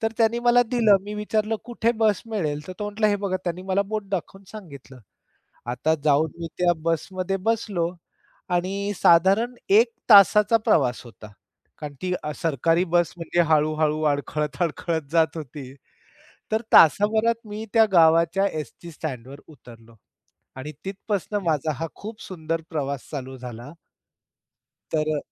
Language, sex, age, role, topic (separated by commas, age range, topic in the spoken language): Marathi, male, 45-49, guest, पर्यटकांची गर्दी नसलेलं एखादं ठिकाण तुम्हाला कसं सापडलं?